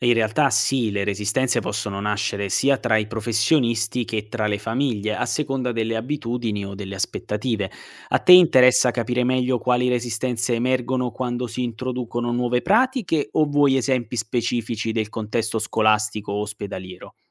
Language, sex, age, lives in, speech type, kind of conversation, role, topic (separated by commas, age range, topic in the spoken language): Italian, male, 25-29, Italy, read, expert-assistant, expert, Come cambiano le idee di salute da una cultura all’altra e cosa possiamo imparare da queste differenze?